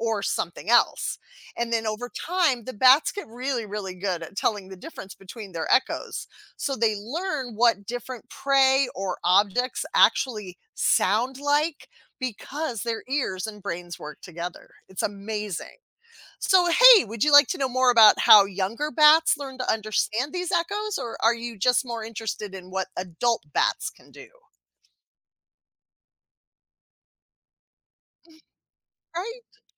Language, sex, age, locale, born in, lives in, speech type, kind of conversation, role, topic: English, female, 50-54, en-US, United States, United States, read, expert-assistant, expert, How do bats use echolocation to find food?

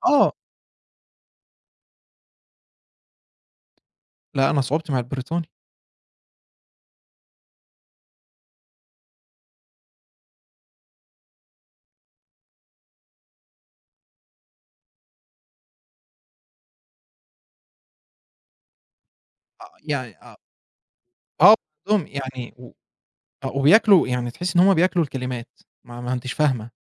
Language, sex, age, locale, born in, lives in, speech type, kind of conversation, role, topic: Arabic, male, 25-29, ar-EG, Egypt, Egypt, conversation, podcast, guest, ازاي بتوصف هويتك الإبداعية؟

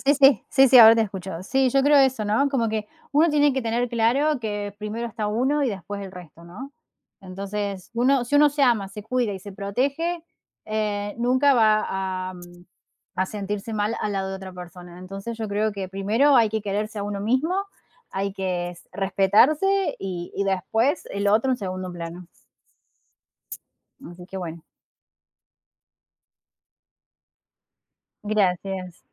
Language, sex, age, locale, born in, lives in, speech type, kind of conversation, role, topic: Spanish, female, 30-34, es-AR, Argentina, Netherlands, conversation, unstructured, none, ¿Es correcto perdonar una infidelidad?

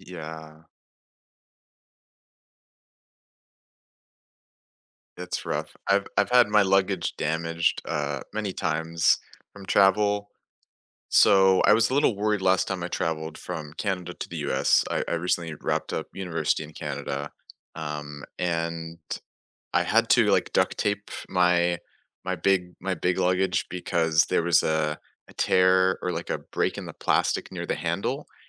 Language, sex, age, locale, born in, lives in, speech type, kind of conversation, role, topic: English, male, 20-24, en-US, United States, United States, conversation, unstructured, none, Have you had any bad experiences with lost or delayed luggage?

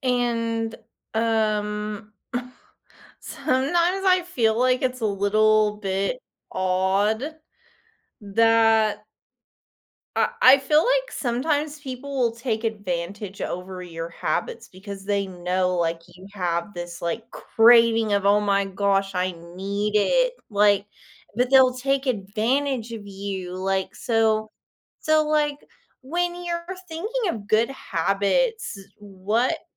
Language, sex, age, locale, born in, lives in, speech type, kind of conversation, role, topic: English, female, 30-34, en-US, United States, United States, conversation, podcast, host, What helps people stick with positive habits even when motivation fades?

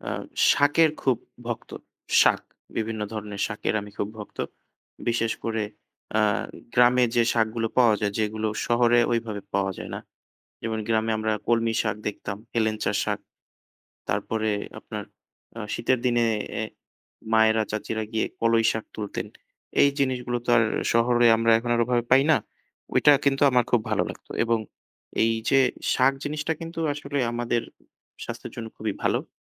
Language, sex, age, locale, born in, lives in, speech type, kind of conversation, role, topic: Bengali, male, 25-29, bn-BD, Bangladesh, Bangladesh, conversation, unstructured, none, আপনার মতে খাদ্যাভ্যাস ও শারীরিক সুস্থতার মধ্যে কী ধরনের সম্পর্ক আছে?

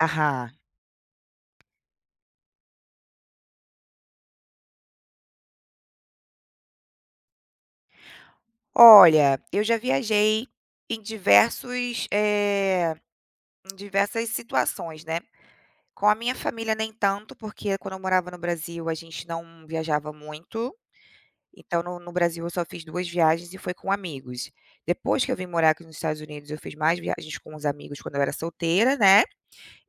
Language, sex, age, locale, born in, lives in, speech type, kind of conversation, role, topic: Portuguese, female, 35-39, pt-BR, Brazil, United States, conversation, unstructured, none, Qual destino te deixou mais feliz?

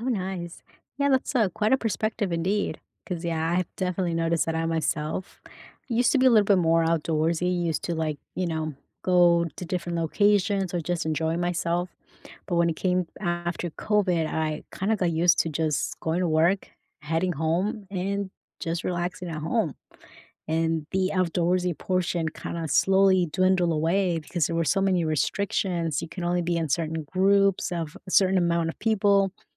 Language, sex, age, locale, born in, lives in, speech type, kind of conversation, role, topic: English, female, 30-34, en-US, United States, United States, conversation, unstructured, none, What outdoor activities boost your mood and make conversation easier?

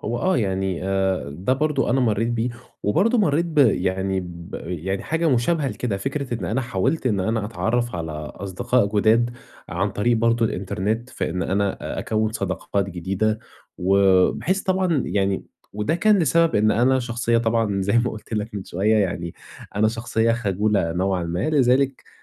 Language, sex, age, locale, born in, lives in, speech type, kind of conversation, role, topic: Arabic, male, 20-24, ar-EG, Egypt, Egypt, conversation, advice, user, إزاي أتعامل مع إحساس الوحدة بعد ما نقلت لبلد جديد؟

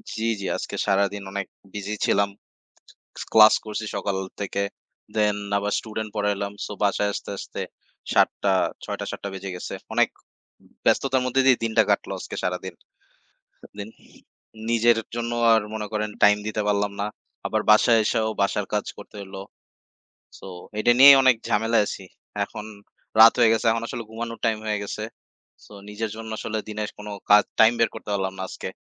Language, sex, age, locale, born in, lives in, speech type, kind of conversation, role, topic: Bengali, male, 20-24, bn-BD, Bangladesh, Bangladesh, conversation, unstructured, none, আপনি নিজের জন্য সময় বের করতে কীভাবে চেষ্টা করেন?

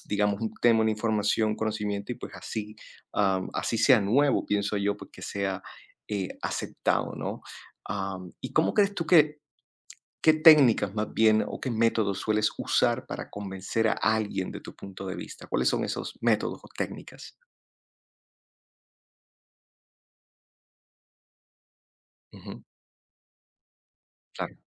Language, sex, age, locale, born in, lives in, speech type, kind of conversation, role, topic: Spanish, male, 30-34, es-CO, Colombia, United States, conversation, unstructured, none, ¿Cómo persuades a otras personas para que acepten tus ideas?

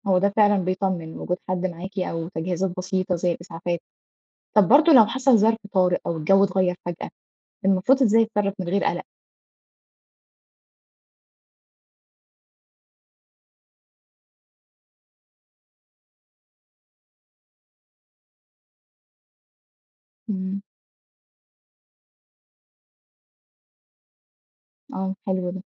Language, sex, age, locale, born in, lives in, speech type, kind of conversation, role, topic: Arabic, female, 25-29, ar-EG, Egypt, Egypt, read, expert-assistant, asker, إزاي أوازن بين شغلي ومسئولياتي وفي نفس الوقت ألاقي وقت أحقق شغفي بالهايكينج والكامبنج؟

